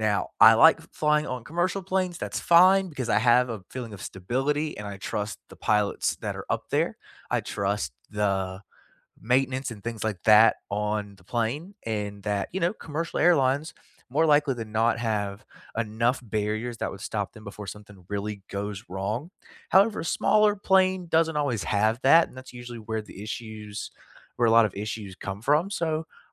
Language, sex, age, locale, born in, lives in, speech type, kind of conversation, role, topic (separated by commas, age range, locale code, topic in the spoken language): English, male, 25-29, en-US, United States, United States, conversation, unstructured, none, What is something you are curious about but have not tried yet, and what draws you to it or holds you back?